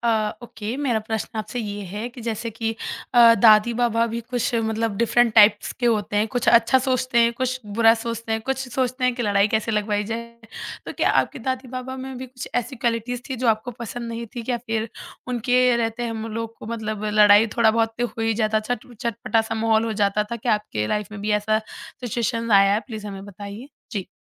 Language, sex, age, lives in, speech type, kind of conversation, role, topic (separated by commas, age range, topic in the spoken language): Hindi, female, 20-24, India, conversation, podcast, host, Kya kabhi tumhein laga hai ki tumhari mahatvaakaanksha tumhare parivaar ki ummeedon se takraati hai?